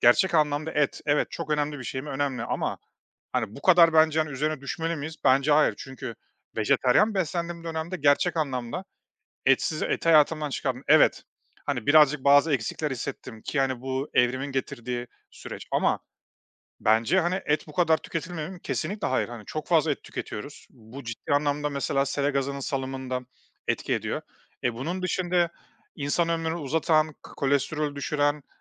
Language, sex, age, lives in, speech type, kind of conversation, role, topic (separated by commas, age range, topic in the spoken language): Turkish, male, 35-39, Estonia, conversation, podcast, guest, Teknolojiyi kullanarak değerleri nasıl aktarırsın?